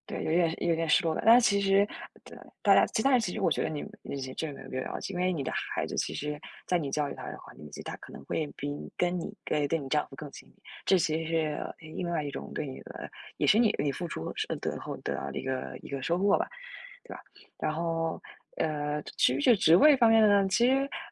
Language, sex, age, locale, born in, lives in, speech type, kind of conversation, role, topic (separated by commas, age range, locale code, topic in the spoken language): Chinese, female, 20-24, zh-CN, China, United States, conversation, unstructured, none, 你会为了理想而放弃稳定的生活吗？